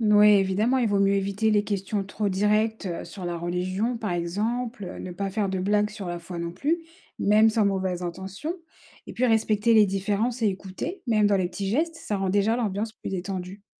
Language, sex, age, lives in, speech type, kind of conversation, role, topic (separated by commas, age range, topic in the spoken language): French, female, 30-34, France, read, expert-assistant, expert, Quelles petites actions simples peuvent aider à mieux vivre ensemble entre croyants de différentes religions ?